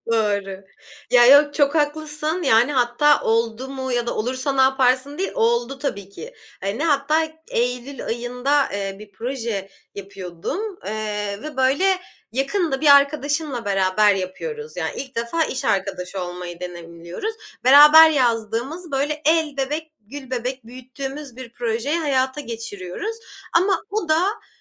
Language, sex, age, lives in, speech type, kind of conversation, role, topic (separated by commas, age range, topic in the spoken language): Turkish, female, 25-29, Germany, conversation, podcast, guest, Bir projede takıldığın zaman ilk olarak ne yaparsın?